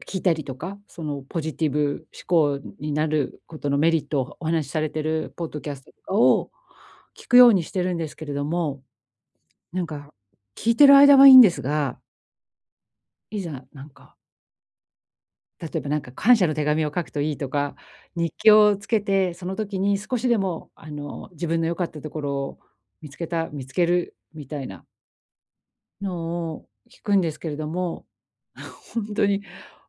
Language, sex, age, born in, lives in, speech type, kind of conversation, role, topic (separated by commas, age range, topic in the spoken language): Japanese, female, 45-49, Japan, Japan, conversation, advice, user, 小さな行動から自分を再定義するには、どこから始めればよいですか？